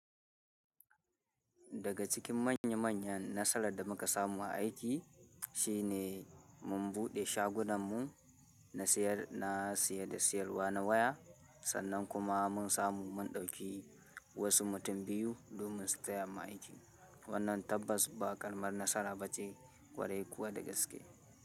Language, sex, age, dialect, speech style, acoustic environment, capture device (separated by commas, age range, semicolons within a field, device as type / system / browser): Hausa, male, 18-24, Nigeria - Kano, happy; excited, people talking, mobile / Linux / Chrome